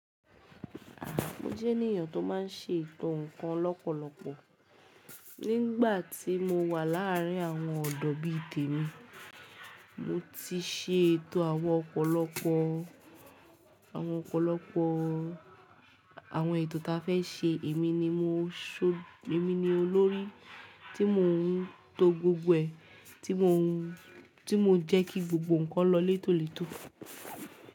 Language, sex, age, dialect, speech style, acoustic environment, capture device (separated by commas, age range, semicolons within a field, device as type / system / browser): Yoruba, female, 18-24, Nigeria - Ibadan, relaxed, people talking; music; nature; silence; appliances, mobile / Linux / Chrome